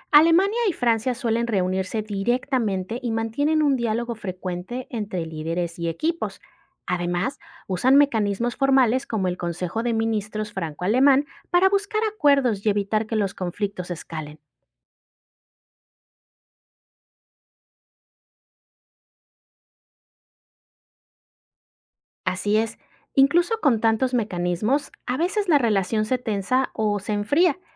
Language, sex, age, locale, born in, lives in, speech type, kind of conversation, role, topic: Spanish, female, 35-39, es-MX, Mexico, Mexico, read, expert-assistant, expert, ¿Por qué la alianza entre Alemania y Francia es tan influyente en Europa?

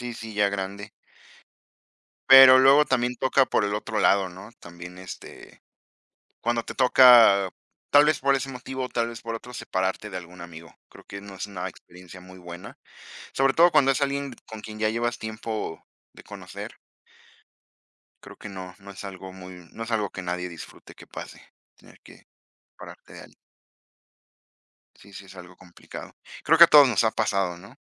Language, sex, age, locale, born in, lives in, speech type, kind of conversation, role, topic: Spanish, female, 20-24, es-MX, Mexico, Mexico, conversation, unstructured, none, ¿Qué es lo que más valoras en una amistad?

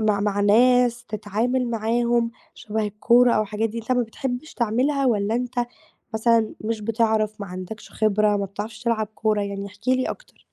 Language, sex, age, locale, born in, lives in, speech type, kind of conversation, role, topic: Arabic, female, 18-19, ar-EG, Egypt, Greece, conversation, advice, advisor, إزاي بتتعامل مع إحساسك بالوحدة وصعوبة إنك تلاقي مُرشد أو مُستشار؟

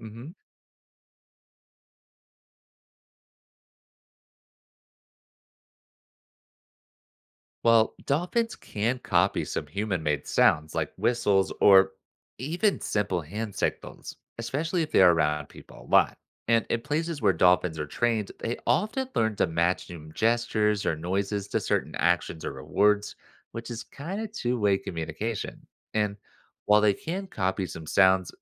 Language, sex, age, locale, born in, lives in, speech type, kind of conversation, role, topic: English, male, 25-29, en-US, United States, United States, read, expert-assistant, expert, How do dolphins communicate with one another?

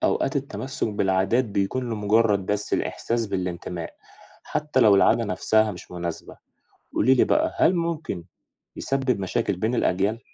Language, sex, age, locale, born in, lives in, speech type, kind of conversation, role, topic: Arabic, male, 20-24, ar-EG, Egypt, Egypt, read, expert-assistant, asker, إزاي أعرف إن الكلام اللي بيتقال عن العادات والتقاليد في مصر جاي من تجربة حقيقية ولا مجرد حد بيحكي؟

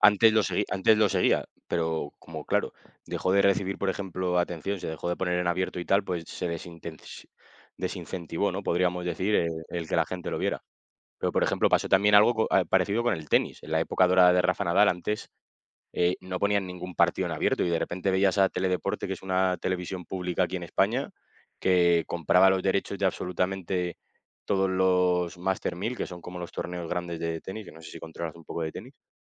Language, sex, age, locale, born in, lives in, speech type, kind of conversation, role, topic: Spanish, male, 25-29, es-ES, Spain, Spain, conversation, unstructured, none, ¿Es justo que algunos deportes reciban más atención que otros?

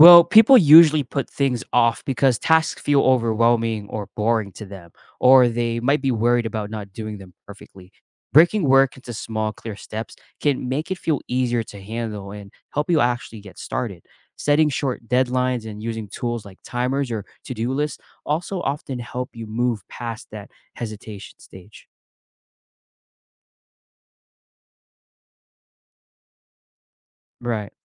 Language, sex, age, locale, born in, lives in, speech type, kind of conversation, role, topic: English, male, 25-29, en-US, United States, United States, read, expert-assistant, expert, What causes procrastination and how can it be overcome?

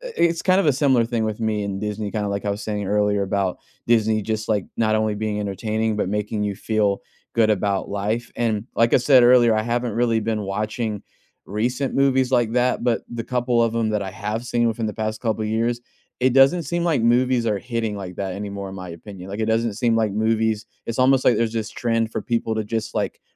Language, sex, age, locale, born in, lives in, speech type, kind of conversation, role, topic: English, male, 30-34, en-US, United States, United States, conversation, unstructured, none, Which comfort films do you love rewatching on cozy weekends, and what makes them soothing?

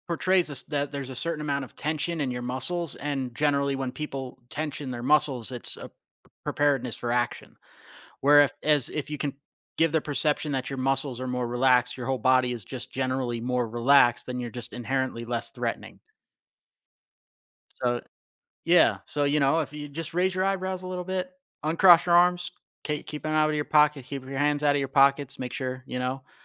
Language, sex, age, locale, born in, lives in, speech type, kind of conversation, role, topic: English, male, 35-39, en-US, United States, United States, conversation, podcast, guest, How does nonverbal communication shape our interactions and understanding of each other?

